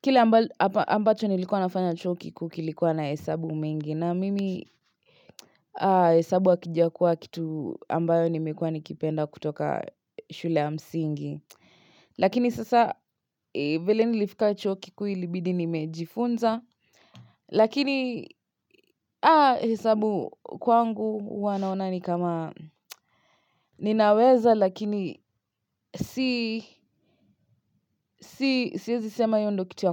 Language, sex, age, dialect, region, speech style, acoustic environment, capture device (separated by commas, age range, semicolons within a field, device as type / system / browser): Swahili, female, 25-34, Kenya - Nairobi Sheng-influenced, Kenya, relaxed, silence, mobile / Linux / Chrome